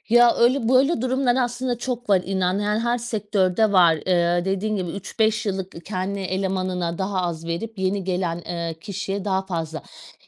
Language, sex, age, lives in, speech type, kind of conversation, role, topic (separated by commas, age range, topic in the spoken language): Turkish, female, 40-44, Portugal, conversation, podcast, guest, Maaş pazarlığına nasıl yaklaşırsın?